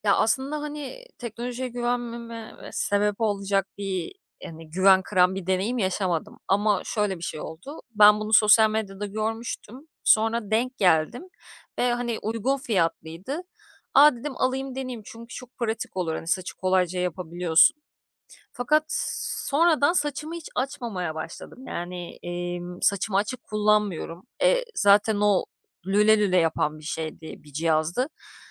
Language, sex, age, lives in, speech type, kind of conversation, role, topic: Turkish, female, 35-39, Greece, conversation, podcast, guest, Yeni teknolojileri denemeye nasıl karar veriyorsun?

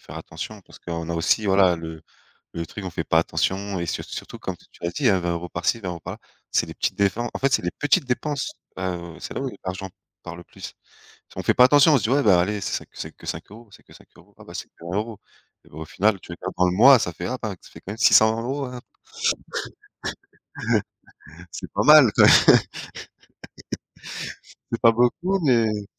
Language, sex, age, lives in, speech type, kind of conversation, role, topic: French, male, 30-34, France, conversation, unstructured, none, Comment économiser de l’argent facilement chaque mois ?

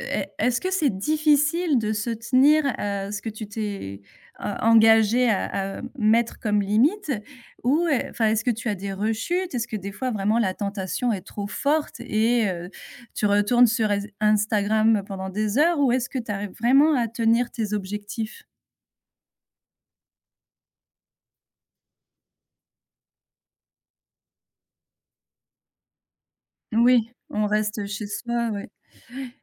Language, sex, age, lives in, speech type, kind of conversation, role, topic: French, female, 35-39, France, conversation, podcast, host, Comment garder un bon équilibre entre les écrans et la vie réelle ?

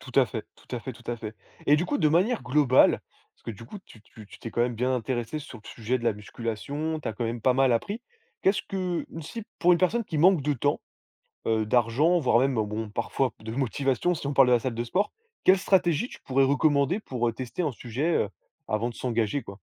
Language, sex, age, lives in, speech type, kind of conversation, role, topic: French, male, 20-24, France, conversation, podcast, host, Comment choisis-tu ce que tu veux apprendre ensuite ?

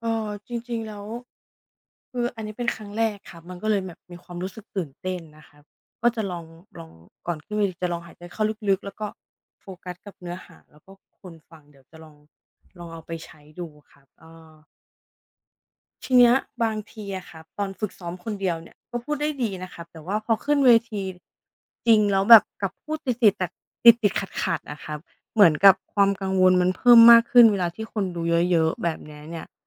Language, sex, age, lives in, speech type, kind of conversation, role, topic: Thai, female, 35-39, Thailand, read, expert-assistant, asker, ทำไมเราจึงรู้สึกตื่นเต้นก่อนขึ้นเวที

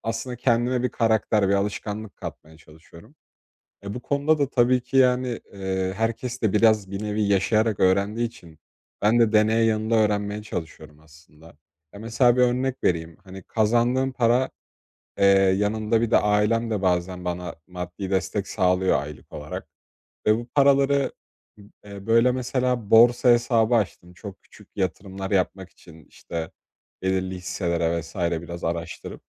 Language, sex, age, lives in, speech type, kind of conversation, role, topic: Turkish, male, 20-24, Poland, conversation, advice, user, Anlık keyiflerimle uzun vadeli birikim hedeflerim arasında nasıl denge kurabilirim?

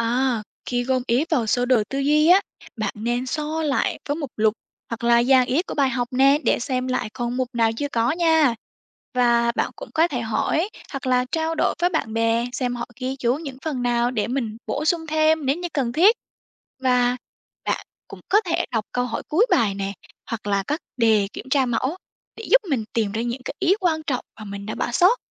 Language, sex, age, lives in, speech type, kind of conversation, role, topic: Vietnamese, female, 18-19, Vietnam, read, expert-assistant, expert, Làm thế nào để xây dựng mục tiêu học tập rõ ràng?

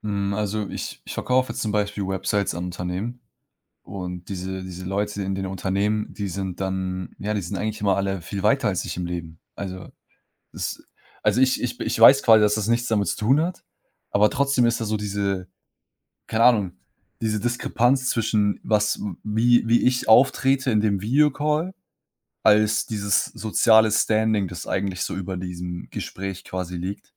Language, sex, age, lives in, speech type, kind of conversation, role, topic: German, male, 20-24, Germany, conversation, advice, user, Wie erlebst du Zweifel an deinen Fähigkeiten trotz deiner Erfolge (Hochstaplergefühle)?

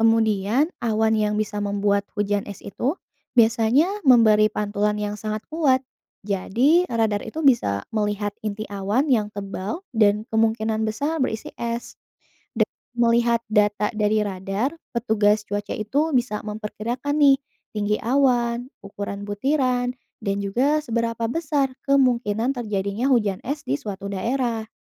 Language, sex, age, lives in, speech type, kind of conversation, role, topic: Indonesian, female, 25-29, Indonesia, read, expert-assistant, expert, Bagaimana proses terjadinya hujan es?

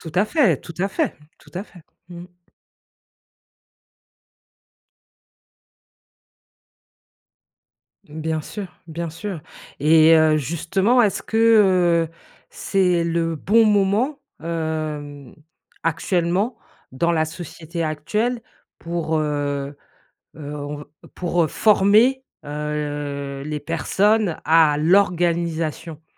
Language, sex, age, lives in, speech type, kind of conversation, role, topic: French, female, 45-49, United States, conversation, podcast, host, Comment concilier travail et vie de famille au quotidien ?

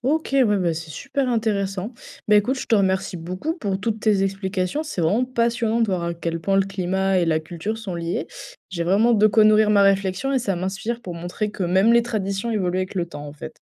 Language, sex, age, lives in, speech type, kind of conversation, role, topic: French, female, 20-24, France, read, expert-assistant, asker, En quoi le climat et la géographie d’un endroit influencent-ils le mode de vie et les traditions locales ?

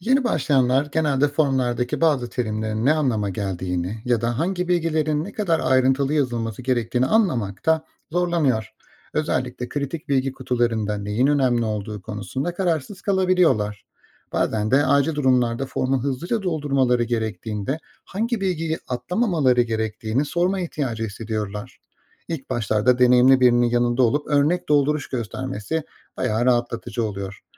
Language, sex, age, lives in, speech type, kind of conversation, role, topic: Turkish, male, 35-39, Austria, read, expert-assistant, asker, Hemşire vardiya devir tesliminde standart formlar uygulamada nasıl daha etkili kullanılabilir?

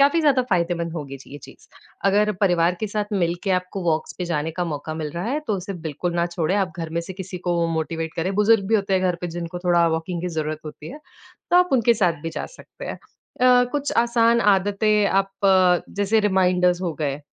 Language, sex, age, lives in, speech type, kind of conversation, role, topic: Hindi, female, 20-24, India, conversation, advice, advisor, आपको काम और परिवार के बीच व्यायाम के लिए समय क्यों नहीं मिल पाता?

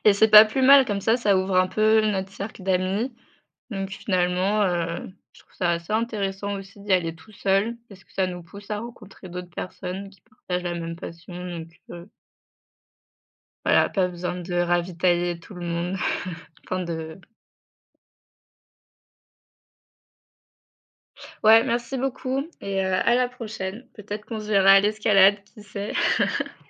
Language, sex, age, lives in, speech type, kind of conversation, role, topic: French, female, 25-29, France, conversation, unstructured, none, Est-il juste d’imposer ses goûts en matière de loisirs à ses proches ?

